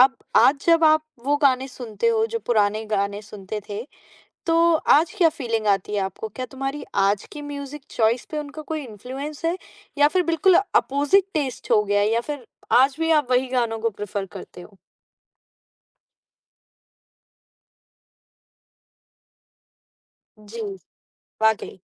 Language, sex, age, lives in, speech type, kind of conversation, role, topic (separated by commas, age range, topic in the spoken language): Hindi, female, 20-24, India, conversation, podcast, host, Ghar mein kaunse gaane bajte the jab tum chhote the?